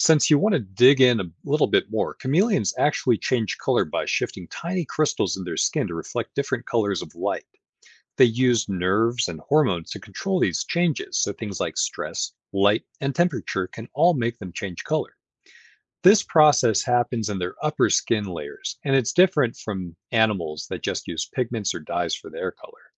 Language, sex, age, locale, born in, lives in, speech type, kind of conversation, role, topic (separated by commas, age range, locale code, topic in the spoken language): English, male, 35-39, en-US, United States, United States, read, expert-assistant, expert, How and why do chameleons and other animals change their color?